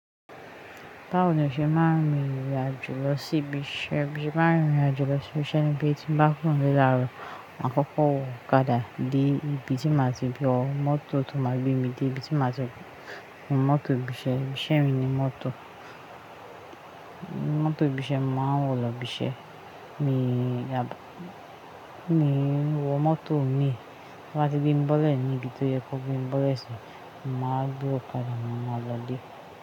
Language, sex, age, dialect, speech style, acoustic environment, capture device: Yoruba, female, 25-34, Nigeria - Ijebu, happy, appliances, mobile / Linux / Chrome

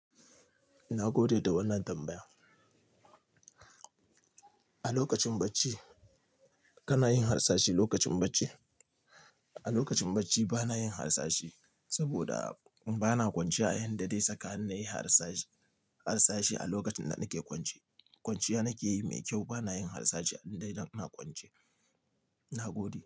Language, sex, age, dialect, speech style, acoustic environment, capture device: Hausa, male, 25-34, Nigeria - Nigerian Standard, relaxed; happy, nature, mobile / Linux / Chrome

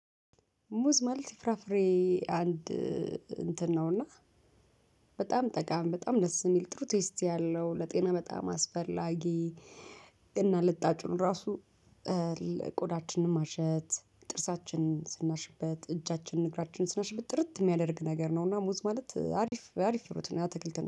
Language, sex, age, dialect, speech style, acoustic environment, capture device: Amharic, female, 35-44, Ethiopia - Addis Ababa, nervous, traffic; people talking, mobile / Linux / Chrome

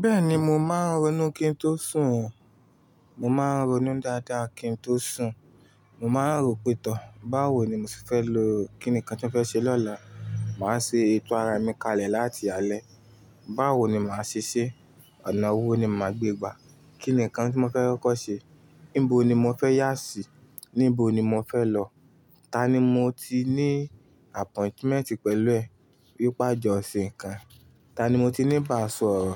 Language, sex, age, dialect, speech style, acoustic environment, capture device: Yoruba, male, 25-34, Nigeria - Ibadan, happy, silence, desktop / Linux / Chrome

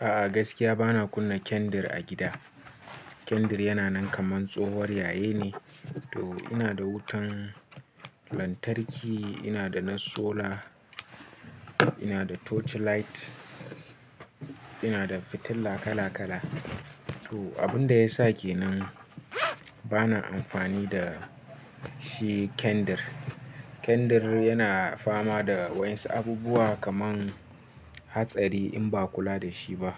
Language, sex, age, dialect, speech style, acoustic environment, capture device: Hausa, male, 18-24, Nigeria - Nigerian Standard, relaxed; focused, nature; silence, mobile / Linux / Chrome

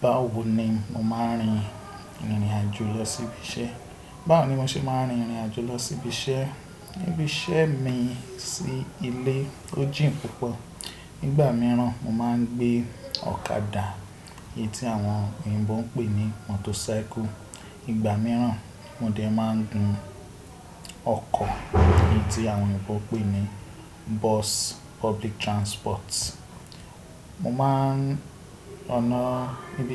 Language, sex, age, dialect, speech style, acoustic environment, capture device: Yoruba, male, 25-34, Nigeria - Ibadan, relaxed, people talking; music, desktop / Windows / Chrome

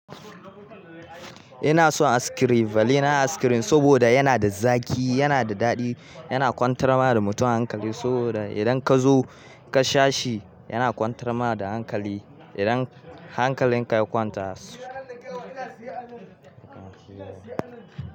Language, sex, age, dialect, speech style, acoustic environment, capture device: Hausa, male, 18-24, Nigeria - Kano, happy; anxious; tired, nature; music, mobile / Linux / Chrome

